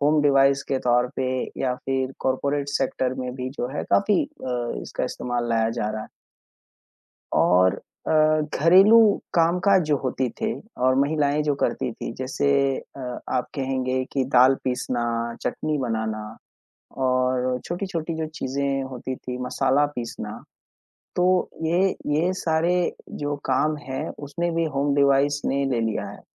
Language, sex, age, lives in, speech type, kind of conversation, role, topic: Hindi, male, 25-29, India, conversation, unstructured, none, क्या आप घर के स्वचालित उपकरणों का उपयोग करते हैं, और कैसे?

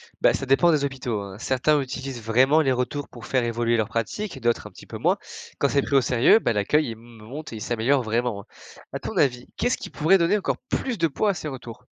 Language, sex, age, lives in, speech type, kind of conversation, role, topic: French, male, 20-24, France, read, expert-assistant, expert, Comment expliquer qu’aller chez le médecin reste plus compliqué pour certains que pour d’autres ?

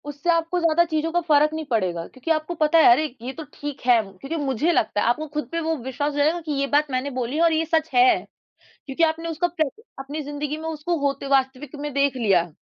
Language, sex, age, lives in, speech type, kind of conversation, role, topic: Hindi, female, 20-24, India, conversation, advice, advisor, क्या आप लोगों की आलोचना के डर से अपनी बात नहीं रख पाते हैं?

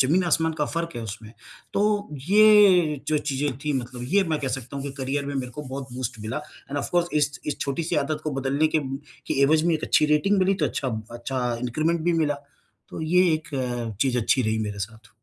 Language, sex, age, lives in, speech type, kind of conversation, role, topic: Hindi, male, 50-54, India, conversation, podcast, guest, Kya koi chhoti si aadat hai jisne tumhari zindagi badal di?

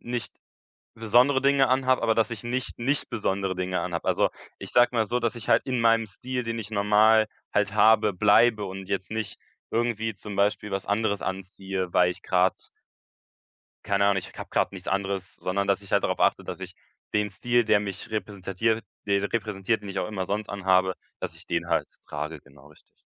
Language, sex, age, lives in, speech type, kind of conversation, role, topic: German, male, 18-19, Germany, conversation, podcast, guest, Was macht eine Gruppe für dich besonders einladend?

